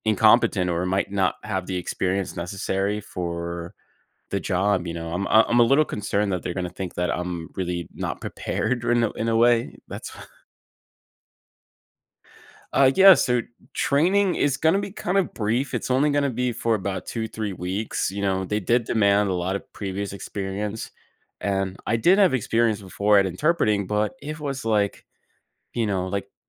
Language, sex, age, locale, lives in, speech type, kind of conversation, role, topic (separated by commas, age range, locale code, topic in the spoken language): English, male, 30-34, en-US, United States, conversation, advice, user, How can I decide when I'm uncertain about a major life choice?